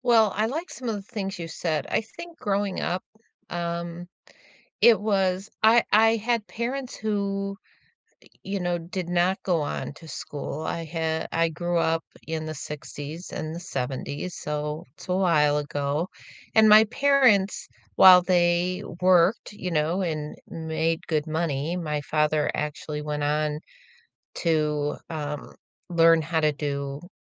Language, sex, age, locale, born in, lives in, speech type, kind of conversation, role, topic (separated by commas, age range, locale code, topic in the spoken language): English, female, 65-69, en-US, United States, United States, conversation, unstructured, none, How have you experienced access to learning, and what helps open doors for others?